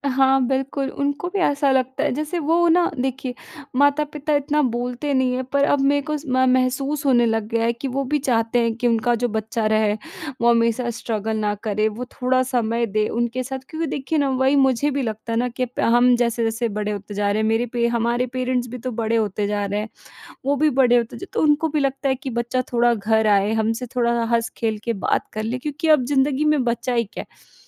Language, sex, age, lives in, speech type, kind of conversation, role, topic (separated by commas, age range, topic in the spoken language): Hindi, female, 20-24, India, conversation, advice, user, आप काम और रिश्तों के बीच समय के असंतुलन को कैसे संभालते हैं?